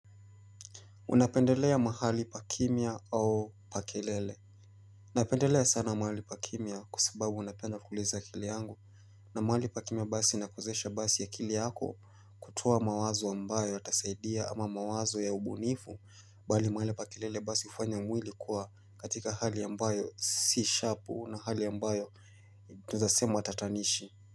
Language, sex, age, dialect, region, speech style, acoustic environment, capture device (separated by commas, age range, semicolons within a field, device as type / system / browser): Swahili, male, 25-34, Kenya - Mombasa, Kenya, relaxed, nature, mobile / Linux / Chrome